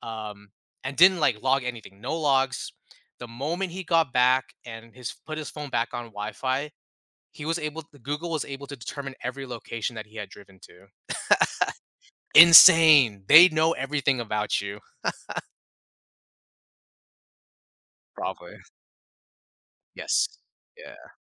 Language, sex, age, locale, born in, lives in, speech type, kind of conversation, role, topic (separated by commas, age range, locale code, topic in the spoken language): English, male, 35-39, en-US, United States, United States, conversation, podcast, guest, How has modern technology changed the way we think about and protect our personal privacy?